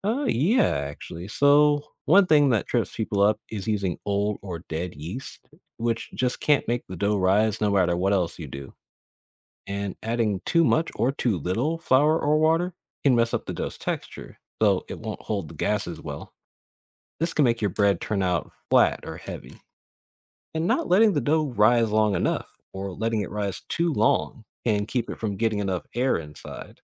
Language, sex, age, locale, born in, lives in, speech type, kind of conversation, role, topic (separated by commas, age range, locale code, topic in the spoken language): English, male, 25-29, en-US, United States, United States, read, expert-assistant, expert, Why does bread rise when baked?